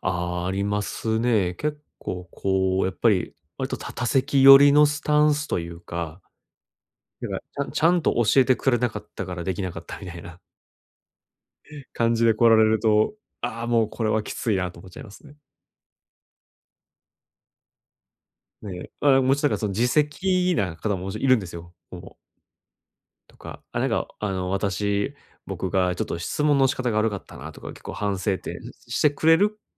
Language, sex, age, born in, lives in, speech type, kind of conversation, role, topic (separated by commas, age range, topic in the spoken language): Japanese, male, 30-34, Japan, Japan, conversation, advice, user, 批判されたとき、反論するべきか手放すべきかをどのように判断すればよいですか？